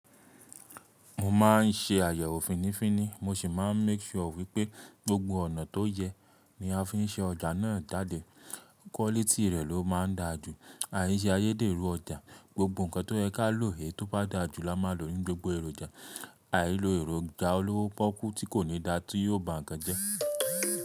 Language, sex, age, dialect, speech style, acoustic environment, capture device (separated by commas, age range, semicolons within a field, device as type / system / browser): Yoruba, male, 25-34, Nigeria - Ibadan, relaxed, silence, mobile / Linux / Chrome